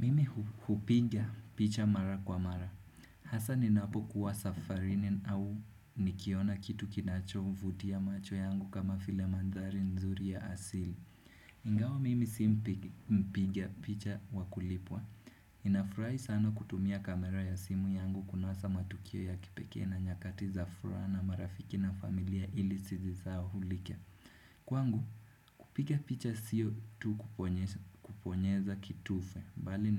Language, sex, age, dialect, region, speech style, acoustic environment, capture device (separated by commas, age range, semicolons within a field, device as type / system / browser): Swahili, male, 18-24, Kenya - Nairobi Sheng-influenced, Kenya, happy; focused, nature, desktop / Windows / Chrome